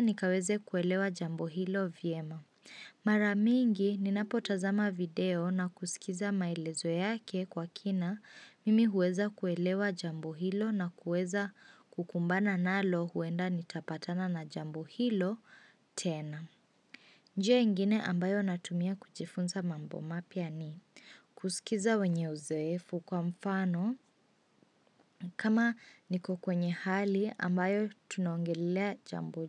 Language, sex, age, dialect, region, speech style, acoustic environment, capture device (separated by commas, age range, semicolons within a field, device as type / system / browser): Swahili, female, 18-24, Kenya - Mombasa, Kenya, focused, silence, mobile / Linux / Chrome